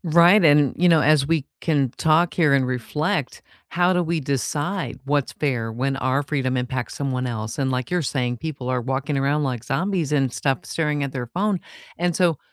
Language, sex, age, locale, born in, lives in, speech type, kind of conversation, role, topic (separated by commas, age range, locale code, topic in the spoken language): English, female, 55-59, en-US, United States, United States, conversation, unstructured, none, How do you balance fairness, freedom, and responsibility to strengthen a connection?